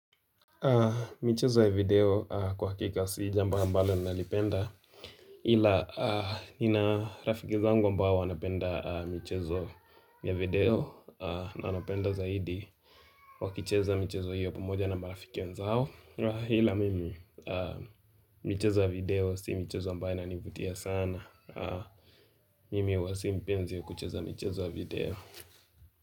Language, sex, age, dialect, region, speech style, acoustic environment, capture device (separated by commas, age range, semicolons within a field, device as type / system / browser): Swahili, male, 18-24, Kenya - Nairobi Sheng-influenced, Kenya, tired, nature, mobile / Linux / Chrome